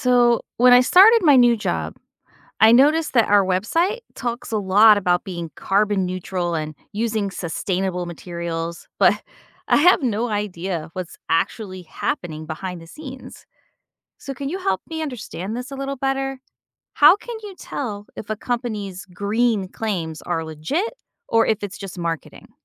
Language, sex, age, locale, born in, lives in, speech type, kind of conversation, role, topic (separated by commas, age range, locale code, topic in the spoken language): English, female, 45-49, en-US, United States, United States, read, expert-assistant, asker, When a company says its operations are ‘green’ or sustainable, how do you know if that’s true or just marketing?